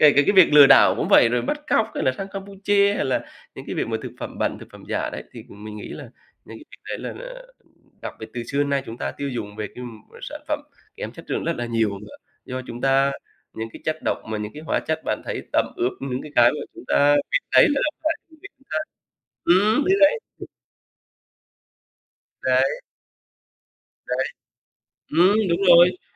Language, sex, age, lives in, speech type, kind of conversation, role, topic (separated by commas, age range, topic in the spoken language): Vietnamese, male, 40-44, Vietnam, conversation, unstructured, none, Bạn nghĩ gì về ảnh hưởng của tin giả trên mạng xã hội hiện nay?